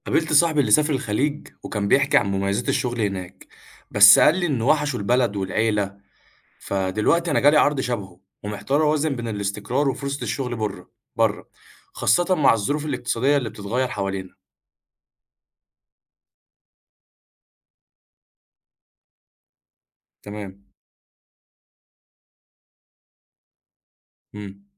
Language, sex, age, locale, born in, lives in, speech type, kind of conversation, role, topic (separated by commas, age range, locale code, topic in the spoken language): Arabic, male, 20-24, ar-EG, Egypt, Egypt, read, expert-assistant, asker, إزاي أختار بين شغل في مصر وشغل في دولة تانية؟